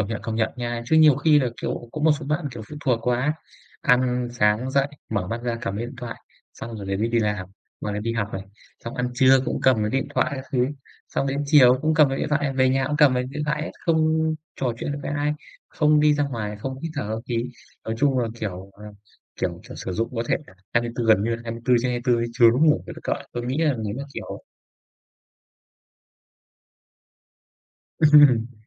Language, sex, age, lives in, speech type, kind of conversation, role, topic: Vietnamese, male, 25-29, Vietnam, conversation, unstructured, none, Bạn thấy điều gì tuyệt nhất ở công nghệ hiện đại?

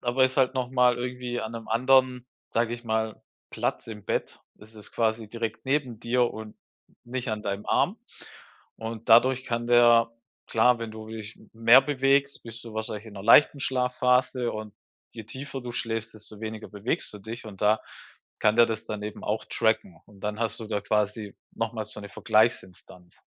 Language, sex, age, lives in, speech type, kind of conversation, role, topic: German, male, 35-39, Germany, conversation, advice, advisor, Wie kann ich damit umgehen, dass mich das Festhalten meiner Gewohnheiten überfordert?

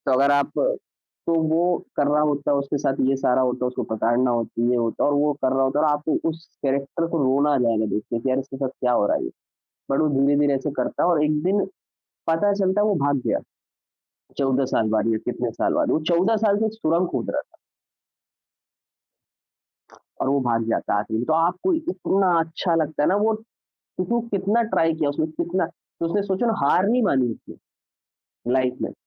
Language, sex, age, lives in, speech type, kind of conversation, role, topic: Hindi, male, 25-29, India, conversation, podcast, guest, Kaunsi film tumhe sabse zyada ek alag duniya mein le jaa kar escape feel karwati hai?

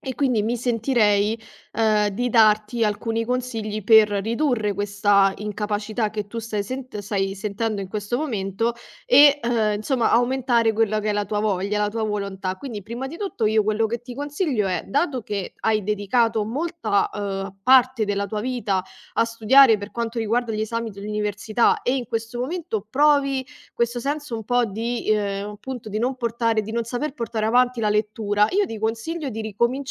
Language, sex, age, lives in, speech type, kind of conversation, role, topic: Italian, female, 25-29, Italy, conversation, advice, advisor, Perché non riesco a leggere ogni giorno nonostante ne abbia voglia?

